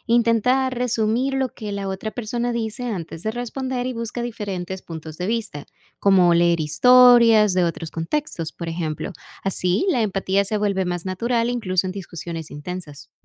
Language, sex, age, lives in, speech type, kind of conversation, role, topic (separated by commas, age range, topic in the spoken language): Spanish, female, 25-29, United States, read, expert-assistant, expert, ¿De qué manera el entrenamiento de animales me ayuda a ser más paciente y resiliente en otras áreas de mi vida?